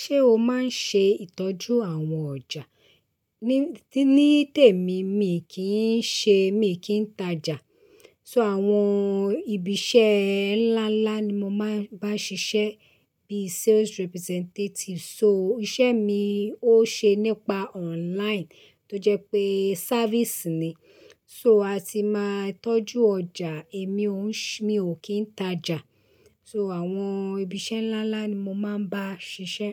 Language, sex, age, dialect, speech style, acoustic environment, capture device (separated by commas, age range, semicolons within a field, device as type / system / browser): Yoruba, female, 35-44, Nigeria - Lagos Yoruba, relaxed, silence, desktop / Linux / Chrome